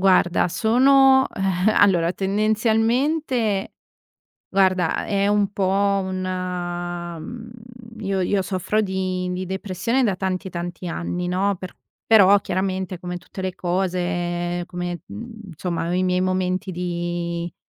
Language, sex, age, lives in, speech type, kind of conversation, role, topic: Italian, female, 30-34, Italy, conversation, advice, user, Come posso smettere di procrastinare e costruire l’abitudine di iniziare subito?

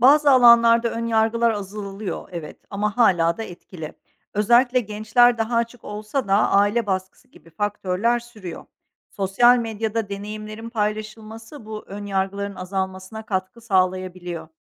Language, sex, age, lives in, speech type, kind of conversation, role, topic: Turkish, female, 45-49, Netherlands, read, expert-assistant, expert, Neden günümüzde anksiyete ve depresyon vakaları artıyor?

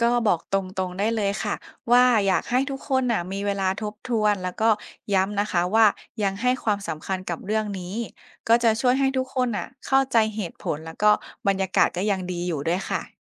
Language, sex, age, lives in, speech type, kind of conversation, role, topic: Thai, female, 25-29, Thailand, read, expert-assistant, expert, เวลาต้องตกลงเรื่องสำคัญกับคนในครอบครัว เช่น การแบ่งหน้าที่หรือการวางแผนอนาคต มีวิธีพูดคุยหรือเจรจาอย่างไรให้ทั้งสองฝ่ายยอมรับกันได้?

